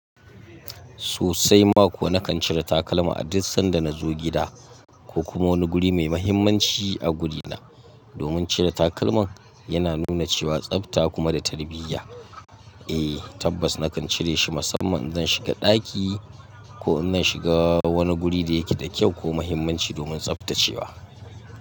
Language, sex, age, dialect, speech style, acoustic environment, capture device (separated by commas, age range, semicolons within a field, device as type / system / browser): Hausa, male, 25-34, Nigeria - Katsina, focused, silence, mobile / Linux / Chrome